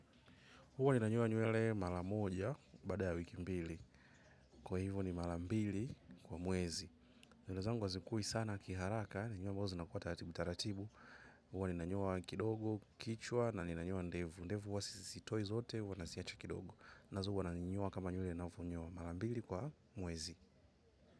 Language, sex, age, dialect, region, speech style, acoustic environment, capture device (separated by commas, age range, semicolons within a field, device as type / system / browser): Swahili, male, 25-34, Tanzania - Dar es Salaam, Tanzania, happy; focused, nature; people talking, mobile / macOS / Safari